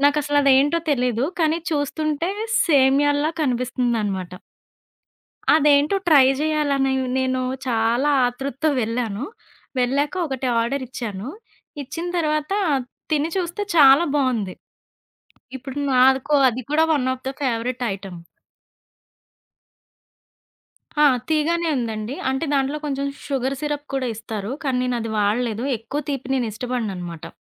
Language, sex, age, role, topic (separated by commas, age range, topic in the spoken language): Telugu, female, 30-34, guest, మీకు రోడ్డు పక్కన దొరికే వీధి ఆహారాల్లో అత్యంత ఇష్టమైనది ఏది?